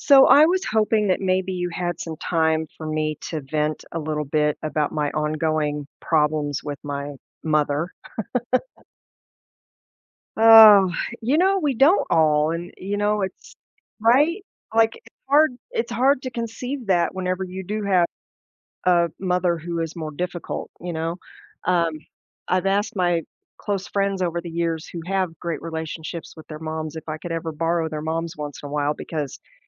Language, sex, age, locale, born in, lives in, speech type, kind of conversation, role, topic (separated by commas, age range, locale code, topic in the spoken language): English, female, 50-54, en-US, United States, United States, conversation, advice, user, How can I forgive someone who hurt me and begin to heal?